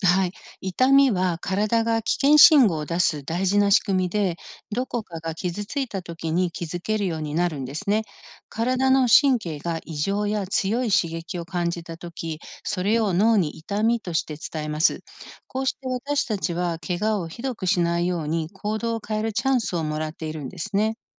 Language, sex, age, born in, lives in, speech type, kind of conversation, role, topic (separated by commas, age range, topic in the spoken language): Japanese, female, 55-59, Japan, United States, read, expert-assistant, expert, どうして私たちは痛みを感じるのですか？